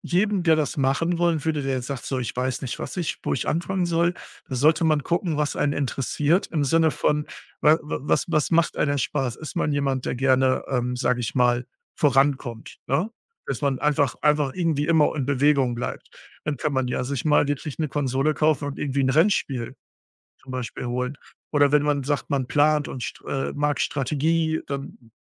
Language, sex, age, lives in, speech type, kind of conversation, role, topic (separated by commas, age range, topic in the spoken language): German, male, 35-39, Germany, conversation, podcast, guest, Was ist dein bester Tipp, um wieder mehr zu spielen?